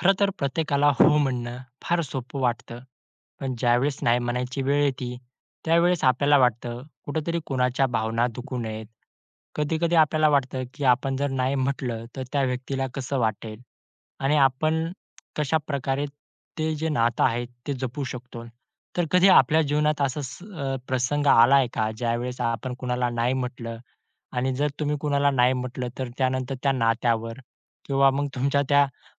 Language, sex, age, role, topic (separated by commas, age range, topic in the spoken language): Marathi, male, 20-24, host, नाही म्हणताना नातं जपण्यासाठी नकार कसा द्यायला हवा?